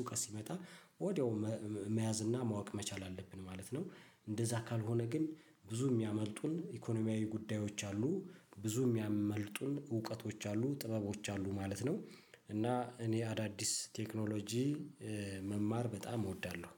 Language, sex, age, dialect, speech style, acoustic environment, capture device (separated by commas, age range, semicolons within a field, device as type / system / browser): Amharic, male, 35-44, Ethiopia - Addis Ababa, happy; relaxed, silence, mobile / Linux / Chrome